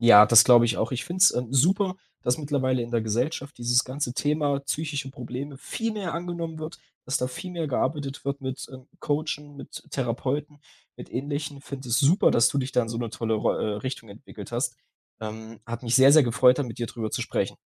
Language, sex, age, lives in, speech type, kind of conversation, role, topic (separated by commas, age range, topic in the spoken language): German, male, 20-24, Germany, conversation, podcast, host, Wie wurden Gefühle bei euch zuhause ausgedrückt?